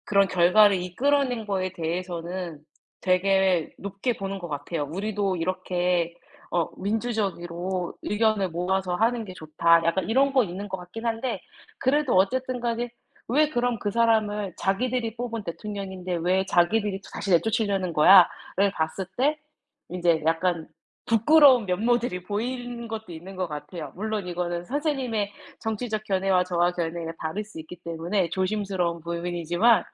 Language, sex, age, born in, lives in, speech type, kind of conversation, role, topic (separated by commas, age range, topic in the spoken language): Korean, female, 30-34, South Korea, United States, conversation, unstructured, none, 정치가 우리 삶에 어떤 영향을 미친다고 느끼시나요?